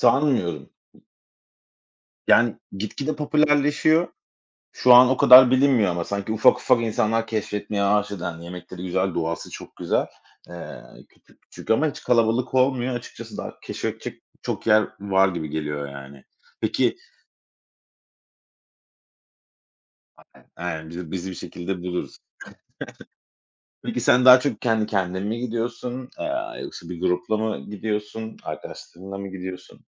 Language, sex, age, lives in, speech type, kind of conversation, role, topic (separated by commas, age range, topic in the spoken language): Turkish, male, 35-39, Spain, conversation, unstructured, none, Doğada yaşadığın unutulmaz bir an var mı?